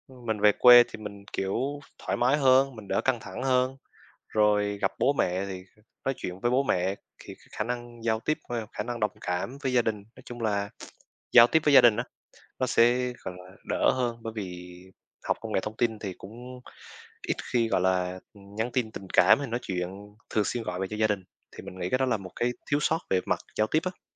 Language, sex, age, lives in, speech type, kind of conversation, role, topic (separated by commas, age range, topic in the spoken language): Vietnamese, male, 20-24, Vietnam, conversation, unstructured, none, Những kỳ nghỉ hè đã góp phần vào sự phát triển của bạn như thế nào?